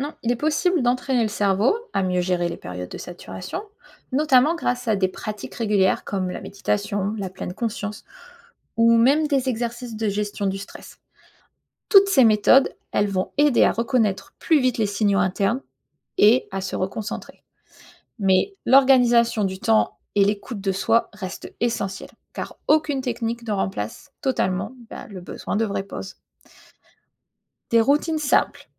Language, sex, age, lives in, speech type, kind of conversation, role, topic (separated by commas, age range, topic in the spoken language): French, female, 35-39, United States, read, expert-assistant, expert, La disparition du sommeil bouleverserait-elle notre équilibre et nos relations ?